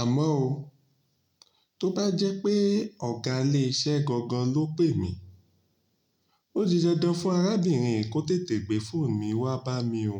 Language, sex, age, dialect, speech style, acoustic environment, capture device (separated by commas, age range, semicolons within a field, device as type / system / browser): Yoruba, male, 35-44, Nigeria - Ibadan, relaxed, nature, mobile / Linux / Chrome